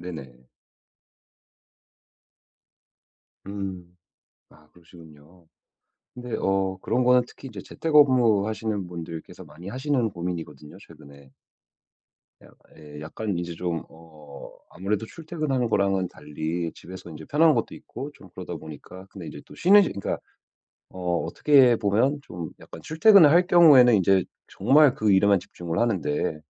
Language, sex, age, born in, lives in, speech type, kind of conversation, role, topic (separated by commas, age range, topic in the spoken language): Korean, male, 35-39, United States, United States, conversation, advice, advisor, 업무와 개인 시간의 경계를 정해 스트레스를 어떻게 관리하면 좋을까요?